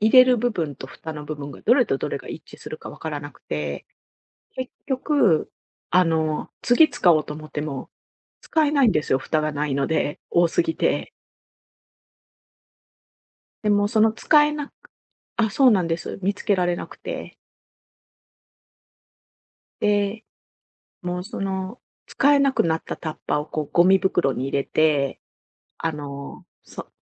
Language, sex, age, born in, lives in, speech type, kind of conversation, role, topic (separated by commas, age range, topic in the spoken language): Japanese, female, 45-49, Japan, Japan, conversation, advice, user, お金に対する価値観の違いが原因で、よく争ってしまうのはなぜですか？